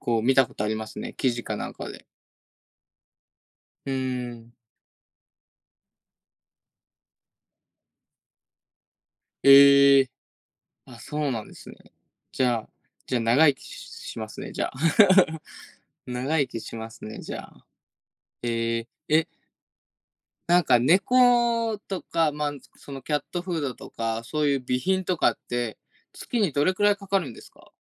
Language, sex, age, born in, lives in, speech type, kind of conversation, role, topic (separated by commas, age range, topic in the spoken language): Japanese, male, 20-24, Japan, Japan, conversation, unstructured, none, 疲れたとき、どのようにして気分転換しますか？